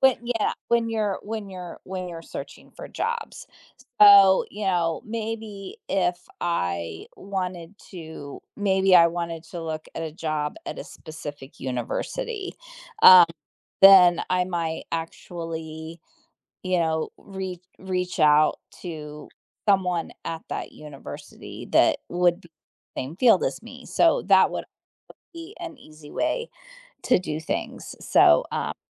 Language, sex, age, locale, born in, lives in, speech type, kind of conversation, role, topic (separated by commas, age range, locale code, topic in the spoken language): English, female, 50-54, en-US, United States, United States, conversation, unstructured, none, In what ways can connecting with others open doors to personal and professional growth?